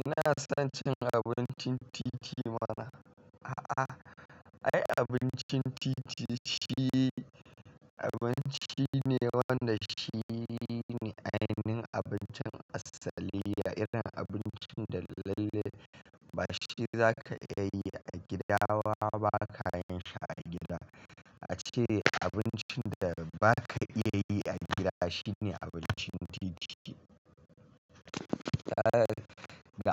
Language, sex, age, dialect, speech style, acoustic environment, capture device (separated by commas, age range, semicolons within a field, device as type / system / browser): Hausa, male, 25-34, Nigeria - Kano, nervous; excited, construction; traffic; people talking; music, mobile / Linux / Chrome